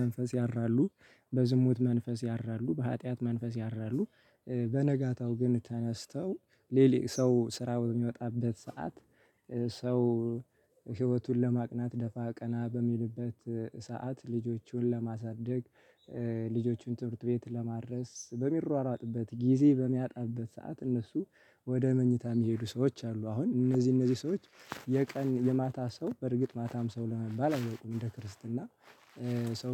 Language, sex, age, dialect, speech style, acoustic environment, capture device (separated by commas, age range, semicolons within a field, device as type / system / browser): Amharic, male, 25-34, Ethiopia - Gojjam, excited, nature, mobile / Linux / Chrome